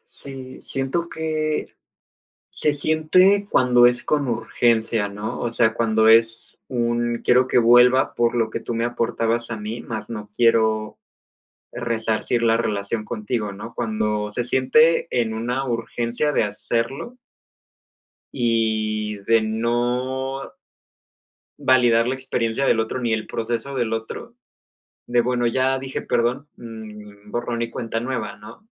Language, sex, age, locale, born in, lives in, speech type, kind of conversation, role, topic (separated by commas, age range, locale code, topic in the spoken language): Spanish, male, 25-29, es-MX, Mexico, Mexico, conversation, unstructured, none, ¿Hasta qué punto perdonas a un amigo que te ha traicionado?